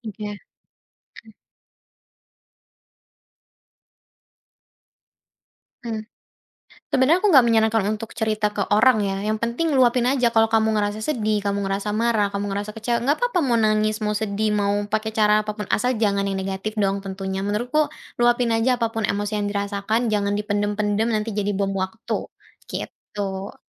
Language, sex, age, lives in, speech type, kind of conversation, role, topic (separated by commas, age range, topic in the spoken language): Indonesian, female, 20-24, Indonesia, conversation, podcast, guest, Bagaimana kamu menggunakan pengalaman hidup pribadi dalam cerita tanpa merasa terlalu terbuka?